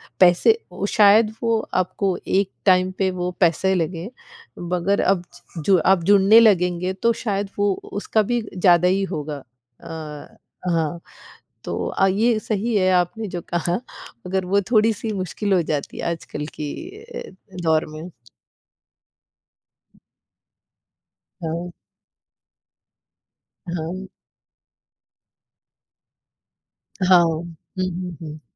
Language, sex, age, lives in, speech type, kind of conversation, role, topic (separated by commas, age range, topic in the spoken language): Hindi, female, 40-44, United States, conversation, unstructured, none, आप अपने वित्तीय बजट का प्रबंधन कैसे करते हैं?